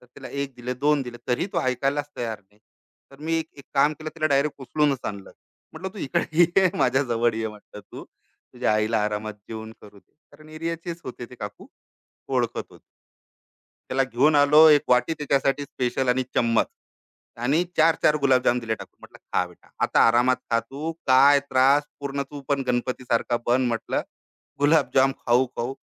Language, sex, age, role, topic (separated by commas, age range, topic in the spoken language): Marathi, male, 35-39, guest, सणाच्या दिवशी जेवण वाटण्याची तुमची खास आठवण काय आहे?